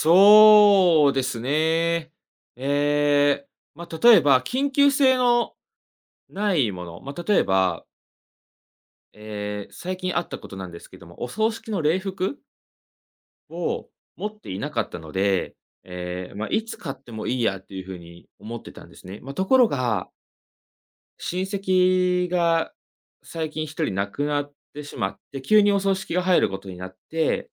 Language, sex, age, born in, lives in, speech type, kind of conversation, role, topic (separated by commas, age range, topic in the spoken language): Japanese, male, 25-29, Japan, Japan, conversation, advice, user, 重要なことをいつも先延ばしにしてしまう癖を直すにはどうすればいいですか？